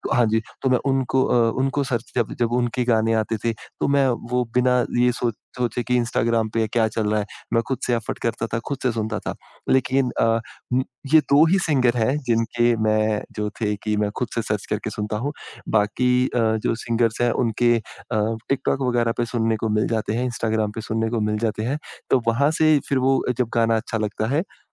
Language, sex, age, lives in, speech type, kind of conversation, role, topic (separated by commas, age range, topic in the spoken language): Hindi, male, 25-29, India, conversation, podcast, guest, TikTok ya Reels ne tumhari music choice ko kaise badla hai?